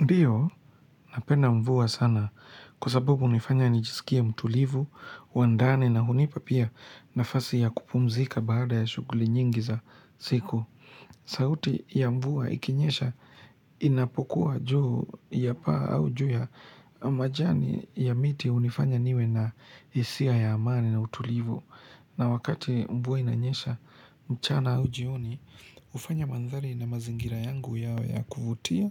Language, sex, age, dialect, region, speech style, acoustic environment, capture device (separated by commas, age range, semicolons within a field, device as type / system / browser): Swahili, male, 25-34, Kenya - Nairobi Sheng-influenced, Kenya, relaxed, silence, mobile / Linux / Chrome